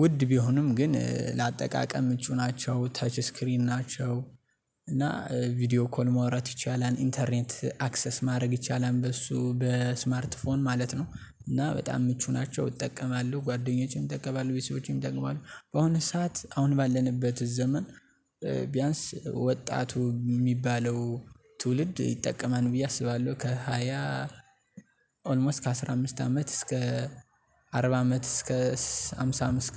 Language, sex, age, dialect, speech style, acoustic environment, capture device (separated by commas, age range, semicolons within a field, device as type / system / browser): Amharic, male, 18-24, Ethiopia - Gondar, happy; excited, nature; silence, mobile / Linux / Chrome